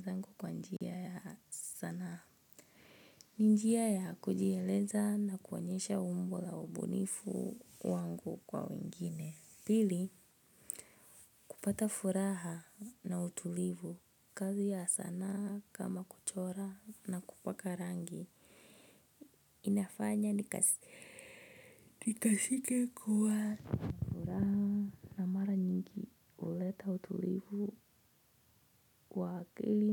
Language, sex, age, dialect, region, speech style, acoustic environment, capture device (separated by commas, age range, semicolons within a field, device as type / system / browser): Swahili, female, 25-34, Kenya - Nairobi Sheng-influenced, Kenya, relaxed, silence, mobile / Linux / Edge